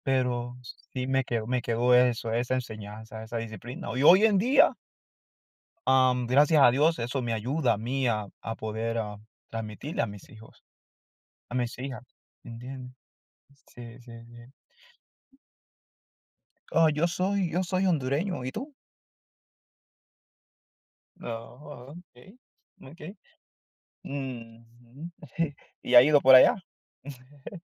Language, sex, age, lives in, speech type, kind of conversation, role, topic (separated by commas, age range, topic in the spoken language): Spanish, male, 25-29, United States, conversation, unstructured, none, ¿Te gustaría compartir un recuerdo feliz de alguien que ya no está?